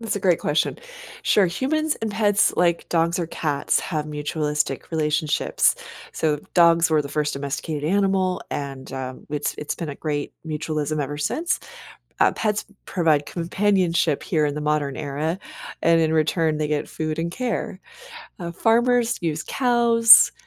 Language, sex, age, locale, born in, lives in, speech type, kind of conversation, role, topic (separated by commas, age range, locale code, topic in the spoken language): English, female, 45-49, en-US, United States, United States, read, expert-assistant, expert, What are the different types of animal symbiosis and how do they benefit organisms?